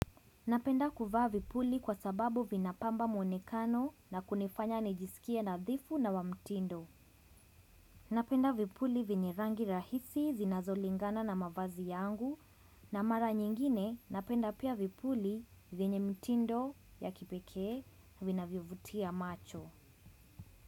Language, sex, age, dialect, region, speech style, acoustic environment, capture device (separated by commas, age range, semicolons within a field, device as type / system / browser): Swahili, female, 25-34, Kenya - Mombasa, Kenya, relaxed; focused, nature, desktop / Windows / Chrome